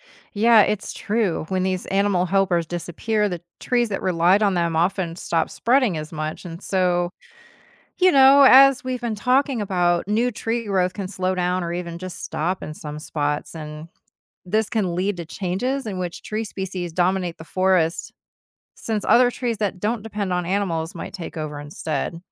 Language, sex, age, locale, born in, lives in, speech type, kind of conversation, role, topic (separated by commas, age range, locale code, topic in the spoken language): English, female, 50-54, en-US, United States, United States, read, expert-assistant, expert, Why do some trees grow so tall?